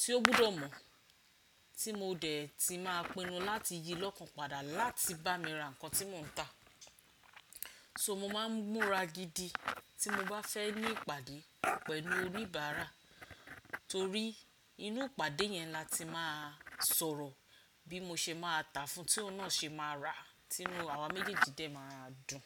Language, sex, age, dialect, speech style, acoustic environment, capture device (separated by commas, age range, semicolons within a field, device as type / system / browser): Yoruba, female, 45-54, Nigeria - Ibadan, focused, silence, desktop / Windows / Chrome